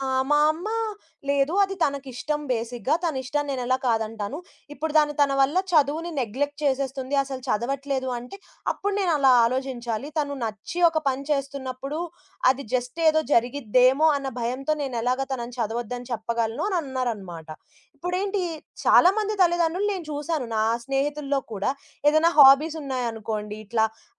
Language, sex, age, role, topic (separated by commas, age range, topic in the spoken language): Telugu, female, 20-24, guest, మీ తల్లిదండ్రుల పెంపక పద్ధతులు మీకు ఎలా అనిపించాయి?